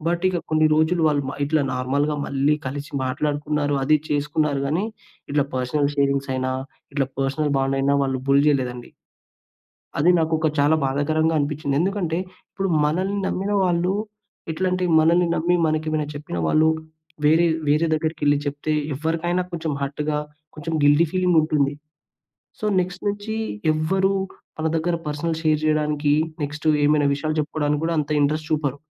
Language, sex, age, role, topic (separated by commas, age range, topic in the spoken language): Telugu, male, 20-24, guest, నమ్మకాన్ని తిరిగి పొందడానికి మనం ఏమి చేయాలి?